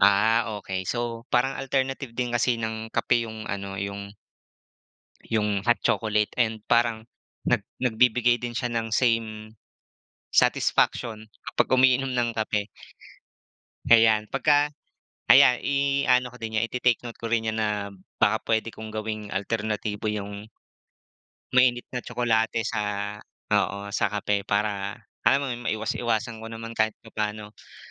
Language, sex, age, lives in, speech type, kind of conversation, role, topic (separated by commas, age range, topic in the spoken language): Filipino, male, 25-29, Philippines, conversation, unstructured, none, Sa pagitan ng kape at tsaa, alin ang pipiliin mo?